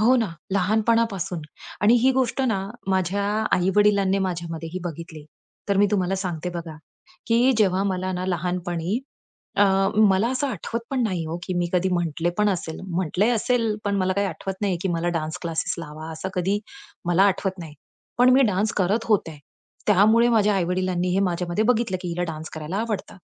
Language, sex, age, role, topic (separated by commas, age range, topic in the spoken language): Marathi, female, 35-39, guest, नवीन गोष्टी शिकण्याची सवय तुम्ही स्वतःमध्ये कशी निर्माण करता?